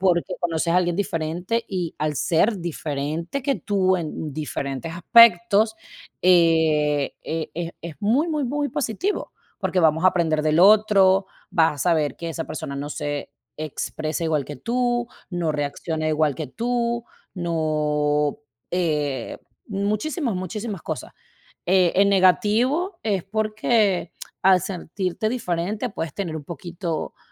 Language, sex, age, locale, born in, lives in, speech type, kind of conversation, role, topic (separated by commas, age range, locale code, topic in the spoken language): Spanish, female, 35-39, es-VE, Venezuela, United States, conversation, unstructured, none, ¿Alguna vez te has sentido diferente a los demás?